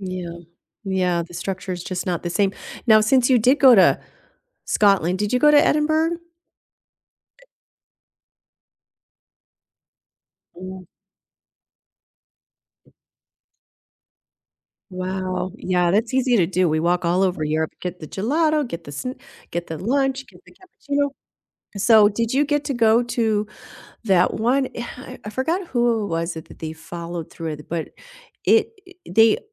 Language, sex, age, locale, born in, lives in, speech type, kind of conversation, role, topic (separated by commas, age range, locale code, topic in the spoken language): English, female, 55-59, en-US, United States, United States, conversation, unstructured, none, What childhood field trip blew your mind, and what made it unforgettable for you?